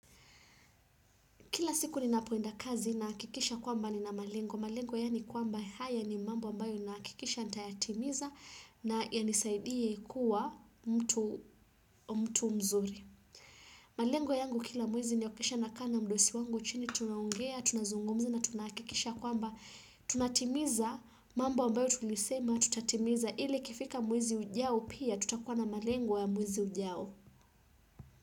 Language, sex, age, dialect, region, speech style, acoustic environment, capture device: Swahili, female, 25-34, Kenya - Mombasa, Kenya, relaxed, silence, desktop / Windows / Chrome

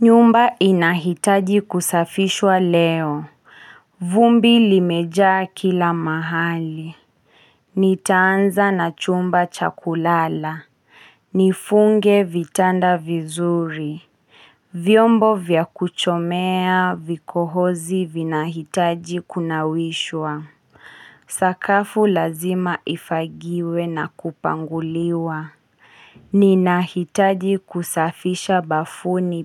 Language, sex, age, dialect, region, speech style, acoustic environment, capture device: Swahili, female, 25-34, Kenya - Kiambu, Kenya, anxious, silence, mobile / Linux / Chrome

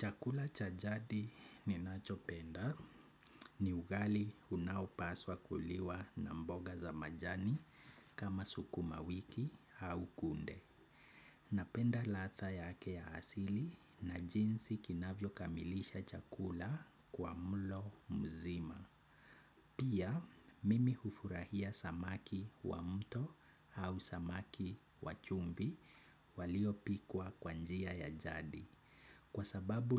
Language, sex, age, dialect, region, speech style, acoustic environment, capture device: Swahili, male, 25-34, Kenya - Nairobi Sheng-influenced, Kenya, happy, nature, desktop / Windows / Chrome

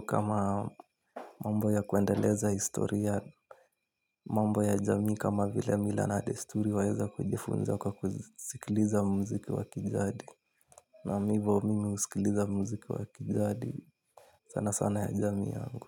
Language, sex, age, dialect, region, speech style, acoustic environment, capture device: Swahili, male, 18-24, Kenya - Nairobi Sheng-influenced, Kenya, relaxed, nature, mobile / Linux / Chrome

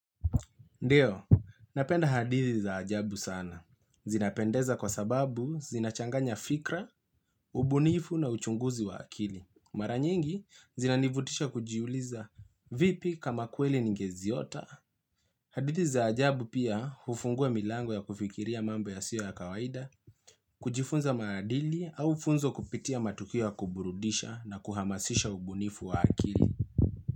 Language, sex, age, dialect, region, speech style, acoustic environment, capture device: Swahili, male, 25-34, Kenya - Nairobi Sheng-influenced, Kenya, relaxed; focused, appliances; nature, mobile / Linux / Chrome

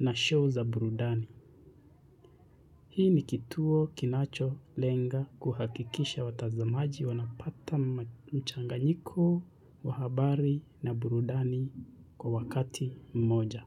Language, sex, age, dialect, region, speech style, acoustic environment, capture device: Swahili, male, 25-34, Kenya - Nairobi Sheng-influenced, Kenya, relaxed; focused, silence; people talking, mobile / Linux / Chrome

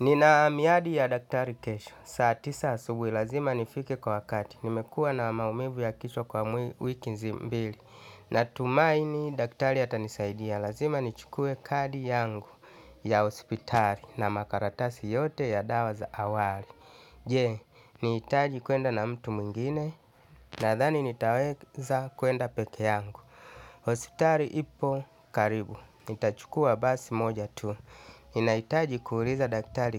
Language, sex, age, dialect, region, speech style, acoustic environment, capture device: Swahili, male, 35-44, Tanzania - Dar es Salaam, Tanzania, happy, nature, desktop / Linux / Chrome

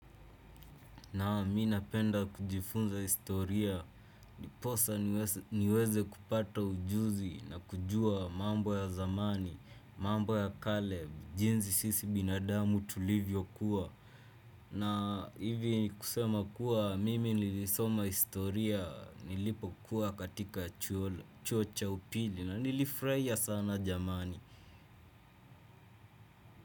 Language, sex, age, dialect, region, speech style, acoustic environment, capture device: Swahili, male, 18-24, Kenya - Nairobi Sheng-influenced, Kenya, focused, silence, desktop / Windows / Chrome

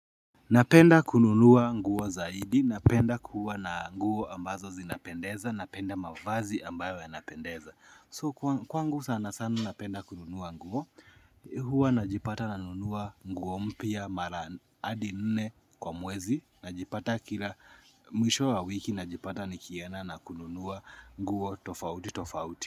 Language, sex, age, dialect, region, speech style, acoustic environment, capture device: Swahili, male, 25-34, Kenya - Nairobi Sheng-influenced, Kenya, happy; nervous, nature, mobile / Linux / Chrome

